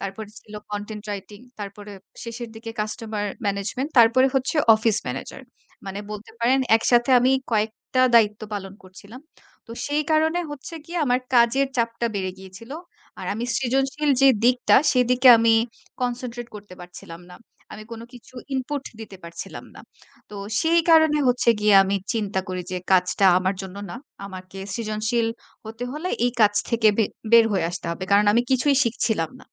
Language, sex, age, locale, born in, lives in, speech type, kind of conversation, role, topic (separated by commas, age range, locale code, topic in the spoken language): Bengali, female, 25-29, bn-BD, Bangladesh, Bangladesh, conversation, podcast, guest, কখন বুঝবেন যে চাকরি ছেড়ে দেওয়াই আপনার জন্য ভালো সিদ্ধান্ত?